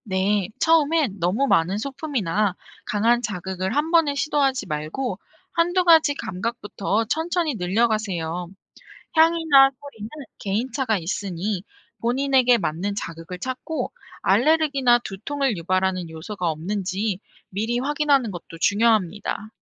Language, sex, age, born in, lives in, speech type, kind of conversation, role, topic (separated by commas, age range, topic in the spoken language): Korean, female, 25-29, South Korea, South Korea, read, expert-assistant, expert, 요즘 사람들이 마음 건강을 더 잘 챙기기 위해 실천할 수 있는 창의적인 아이디어가 있을까요?